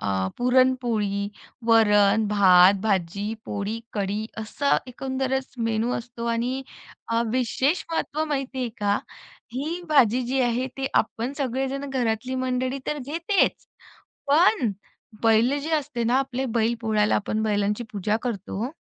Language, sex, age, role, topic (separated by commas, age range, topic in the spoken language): Marathi, female, 35-39, guest, सणातील जेवणाची आठवण पुढच्या पिढीपर्यंत कशी पोहोचवाल?